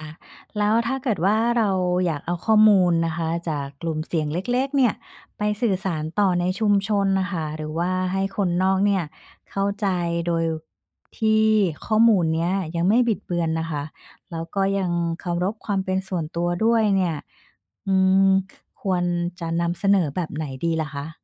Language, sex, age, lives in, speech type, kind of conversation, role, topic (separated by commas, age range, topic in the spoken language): Thai, female, 45-49, Thailand, read, expert-assistant, asker, แหล่งข้อมูลแบบไหนที่ช่วยให้เราเห็นมุมมองหลากหลายเวลาศึกษาชุมชนในไทย?